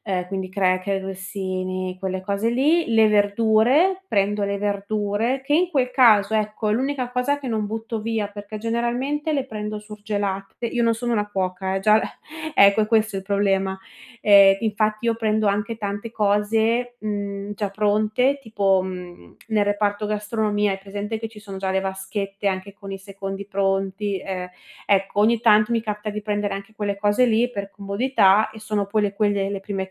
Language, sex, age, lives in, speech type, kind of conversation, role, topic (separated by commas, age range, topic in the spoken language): Italian, female, 30-34, Italy, conversation, advice, user, Come posso ridurre gli sprechi per risparmiare sulla spesa?